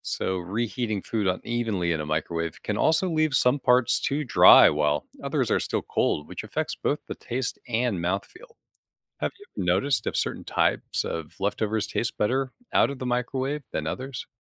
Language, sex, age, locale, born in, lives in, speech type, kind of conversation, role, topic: English, male, 35-39, en-US, United States, United States, read, expert-assistant, expert, How does a microwave oven work and what affects how it heats food?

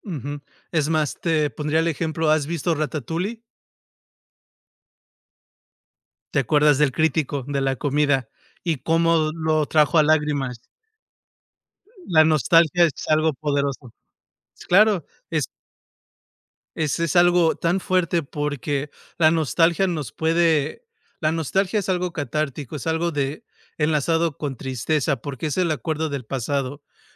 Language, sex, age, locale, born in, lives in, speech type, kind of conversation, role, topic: Spanish, male, 35-39, es-MX, United States, United States, conversation, unstructured, none, ¿Qué comida te hace sentir más feliz cuando la comes?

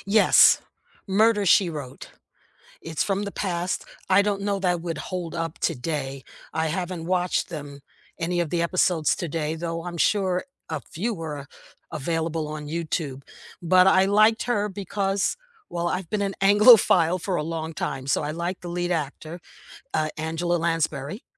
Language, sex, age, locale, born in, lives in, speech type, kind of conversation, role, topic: English, female, 70-74, en-US, United States, United States, conversation, unstructured, none, Which nostalgic cartoons or shows from your past still hold up for you today?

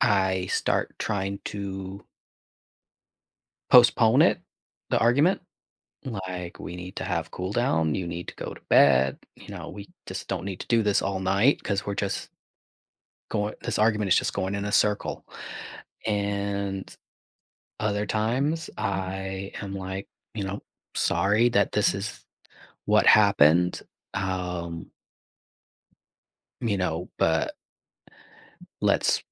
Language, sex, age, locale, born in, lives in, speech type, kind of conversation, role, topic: English, male, 45-49, en-US, United States, United States, conversation, advice, user, How can I communicate more openly and avoid misunderstandings with my partner?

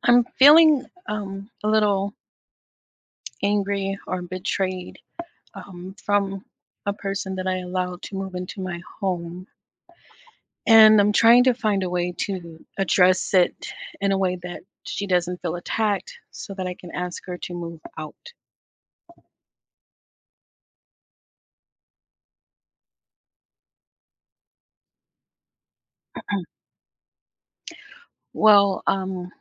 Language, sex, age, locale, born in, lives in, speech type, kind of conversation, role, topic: English, female, 55-59, en-US, United States, United States, conversation, advice, user, How can I address a close friend's betrayal and decide whether to repair the friendship?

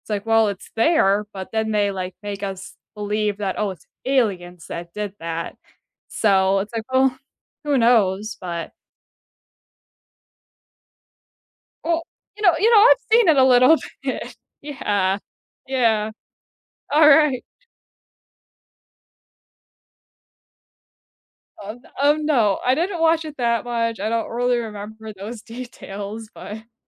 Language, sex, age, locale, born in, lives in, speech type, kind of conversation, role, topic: English, female, 25-29, en-US, United States, United States, conversation, unstructured, none, What is a surprising fact you know about space?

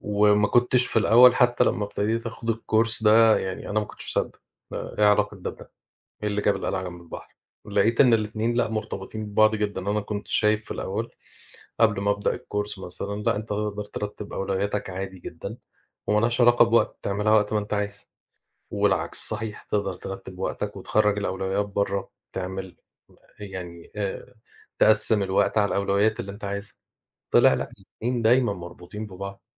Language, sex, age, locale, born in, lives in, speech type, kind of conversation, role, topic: Arabic, male, 40-44, ar-EG, Egypt, Portugal, conversation, unstructured, none, إيه عاداتك اليومية اللي بتساعدك تنظم وقتك؟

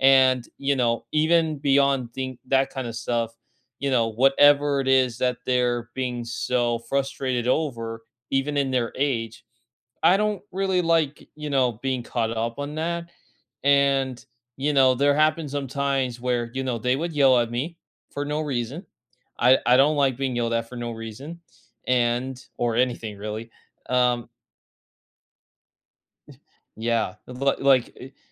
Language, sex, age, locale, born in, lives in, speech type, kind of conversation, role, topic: English, male, 20-24, en-US, United States, United States, conversation, unstructured, none, When have you stepped outside your comfort zone, and what did it teach you about yourself?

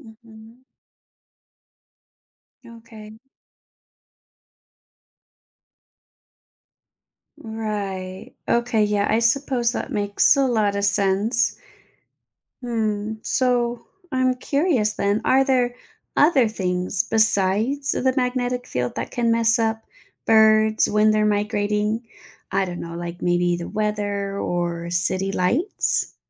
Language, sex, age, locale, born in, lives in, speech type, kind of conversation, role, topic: English, female, 30-34, en-US, United States, United States, read, expert-assistant, asker, How do birds know where to migrate?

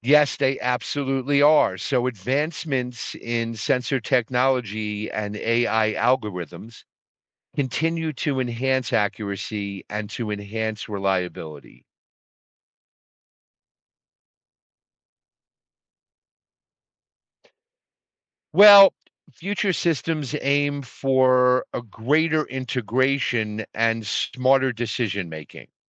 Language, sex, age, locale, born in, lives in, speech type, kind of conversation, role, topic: English, male, 55-59, en-US, United States, United States, read, expert-assistant, expert, How do automatic brakes stop cars?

